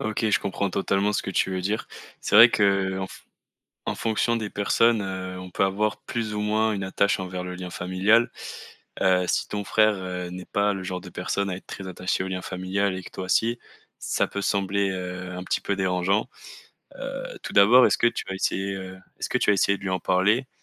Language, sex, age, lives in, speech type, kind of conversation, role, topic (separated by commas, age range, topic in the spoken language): French, male, 20-24, France, conversation, advice, advisor, Comment gérer le ressentiment et la rancœur envers un ami qui m’a déçu sans tout gâcher ?